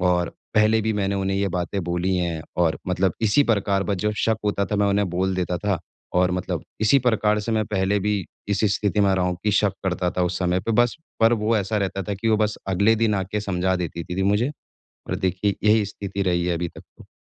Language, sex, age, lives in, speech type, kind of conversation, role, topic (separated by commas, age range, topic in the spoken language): Hindi, male, 25-29, India, conversation, advice, user, गलती के बाद मैं फिर से अपना आत्मविश्वास कैसे बना सकता/सकती हूँ?